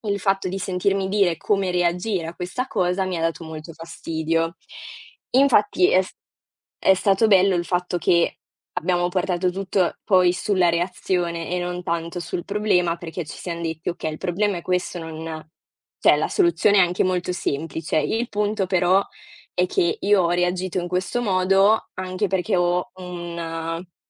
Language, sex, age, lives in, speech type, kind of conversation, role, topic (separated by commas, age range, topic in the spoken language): Italian, female, 20-24, Italy, conversation, unstructured, none, Come reagisci quando qualcuno minimizza i tuoi sentimenti?